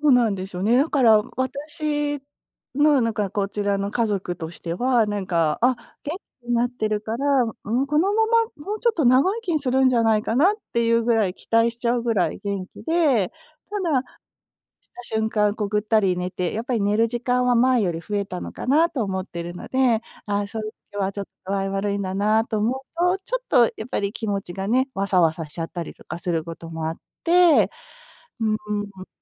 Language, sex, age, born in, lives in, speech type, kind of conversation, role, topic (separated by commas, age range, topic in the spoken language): Japanese, female, 50-54, Japan, United States, conversation, advice, user, 予測不能な変化が続くとき、どうすれば心を落ち着けられますか？